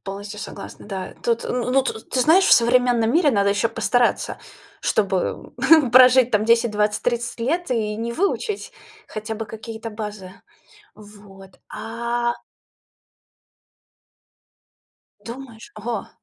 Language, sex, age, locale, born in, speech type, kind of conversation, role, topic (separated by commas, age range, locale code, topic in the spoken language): Russian, female, 30-34, ru-RU, Russia, conversation, podcast, host, Какой школьный предмет действительно тебя зажёг?